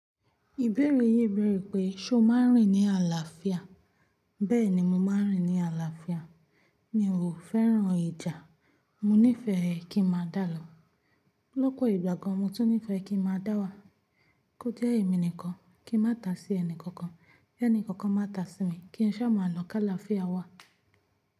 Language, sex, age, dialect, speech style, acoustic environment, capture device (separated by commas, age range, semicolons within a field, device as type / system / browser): Yoruba, female, 18-24, Nigeria - Ibadan, focused, nature, mobile / Linux / Chrome